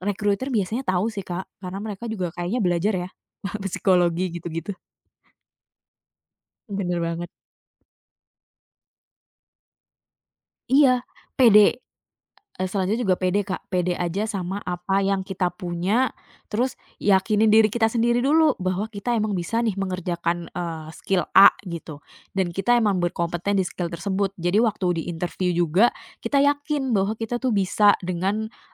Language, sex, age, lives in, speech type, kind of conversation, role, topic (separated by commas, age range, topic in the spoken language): Indonesian, female, 30-34, Indonesia, conversation, podcast, guest, Apa tipsmu untuk mencari pekerjaan yang paling cocok buatmu?